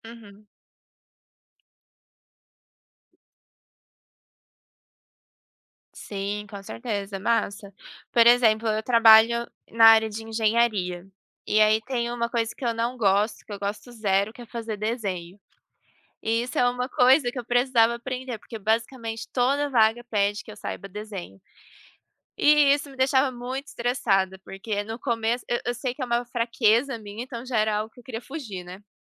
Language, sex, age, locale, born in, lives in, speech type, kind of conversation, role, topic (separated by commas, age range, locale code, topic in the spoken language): Portuguese, female, 25-29, pt-PT, Brazil, Germany, conversation, unstructured, none, Você tem medo de perder relevância se não acompanhar as novidades?